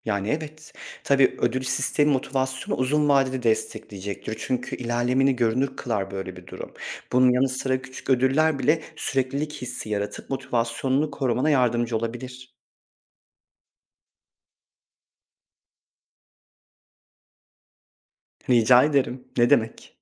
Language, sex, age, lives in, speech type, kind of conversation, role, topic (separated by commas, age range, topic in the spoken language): Turkish, male, 30-34, Poland, read, expert-assistant, expert, Hobilerde motivasyonu sürdürmek için ne yapabilirim?